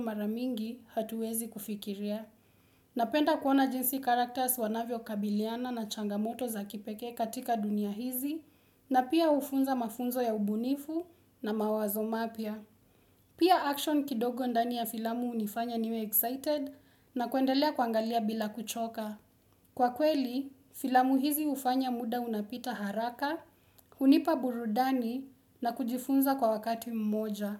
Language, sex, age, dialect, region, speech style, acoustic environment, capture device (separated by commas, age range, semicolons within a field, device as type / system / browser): Swahili, female, 18-24, Kenya - Nairobi Sheng-influenced, Kenya, relaxed; focused, silence, desktop / Windows / Chrome